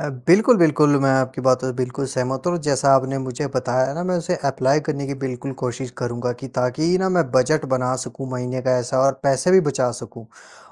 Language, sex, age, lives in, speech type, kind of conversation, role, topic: Hindi, female, 20-24, India, conversation, unstructured, none, आपके हिसाब से बजट बनाना क्यों ज़रूरी है?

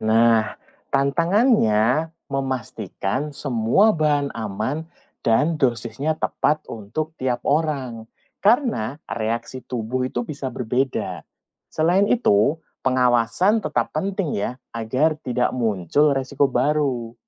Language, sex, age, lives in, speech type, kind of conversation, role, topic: Indonesian, male, 40-44, Indonesia, read, expert-assistant, expert, Apa saja risiko dan tips aman menggunakan ramuan tradisional di era modern?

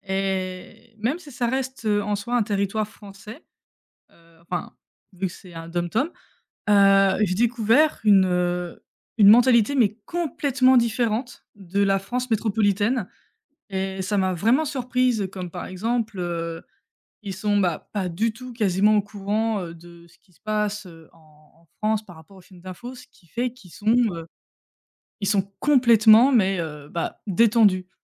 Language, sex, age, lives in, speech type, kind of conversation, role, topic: French, female, 25-29, France, conversation, unstructured, none, As-tu une anecdote surprenante à raconter sur un voyage ?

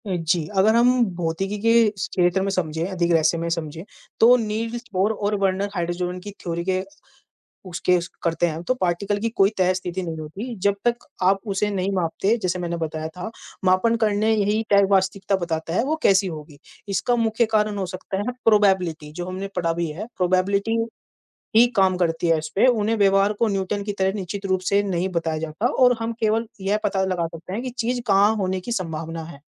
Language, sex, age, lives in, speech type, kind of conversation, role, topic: Hindi, male, 20-24, India, conversation, unstructured, none, क्वांटम भौतिकी के बारे में आपको सबसे अधिक क्या आकर्षित करता है?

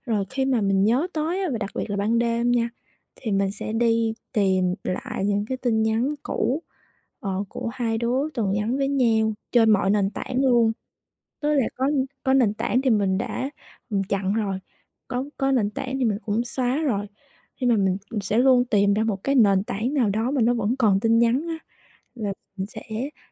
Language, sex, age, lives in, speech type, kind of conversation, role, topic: Vietnamese, female, 25-29, Vietnam, conversation, advice, user, Làm sao để tôi ngừng nghĩ về người yêu cũ mọi lúc?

